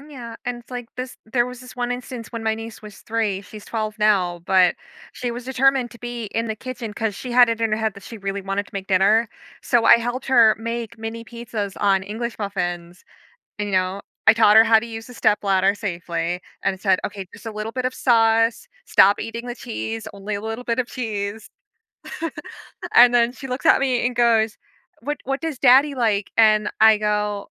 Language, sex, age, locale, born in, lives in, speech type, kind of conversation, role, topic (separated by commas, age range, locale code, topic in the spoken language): English, female, 30-34, en-US, United States, United States, conversation, podcast, guest, Why do shared meals play such an important role in building connections between people?